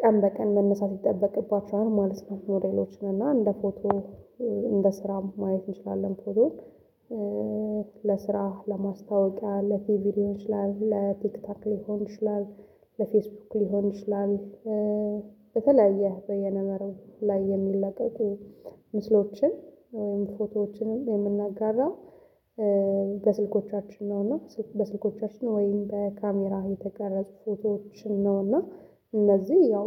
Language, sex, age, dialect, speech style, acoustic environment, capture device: Amharic, female, 25-34, Ethiopia - Addis Ababa, happy, silence, mobile / Linux / Chrome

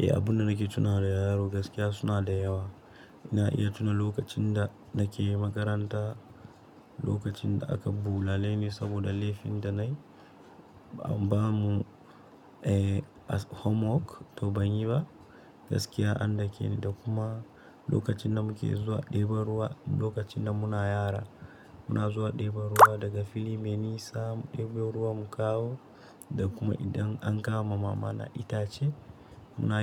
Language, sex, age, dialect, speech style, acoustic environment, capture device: Hausa, male, 18-24, Nigeria - Nigerian Standard, happy; excited; nervous; focused, appliances; silence; nature, mobile / Linux / Chrome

